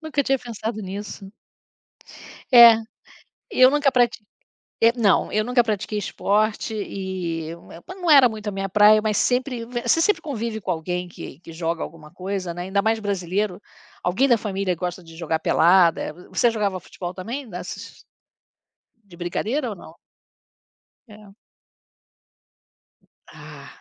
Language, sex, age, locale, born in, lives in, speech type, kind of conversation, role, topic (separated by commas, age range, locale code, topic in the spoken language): Portuguese, female, 60-64, pt-BR, Brazil, United States, conversation, unstructured, none, Qual é sua lembrança favorita relacionada a esportes?